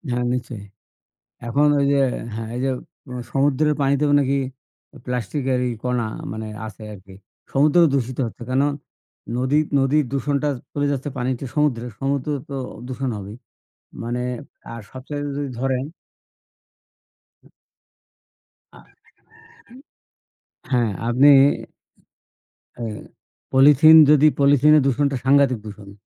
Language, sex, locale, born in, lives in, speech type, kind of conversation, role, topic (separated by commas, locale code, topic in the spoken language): Bengali, male, bn-BD, Bangladesh, Bangladesh, conversation, unstructured, none, প্রকৃতির সুরক্ষা কেন আমাদের জন্য জরুরি?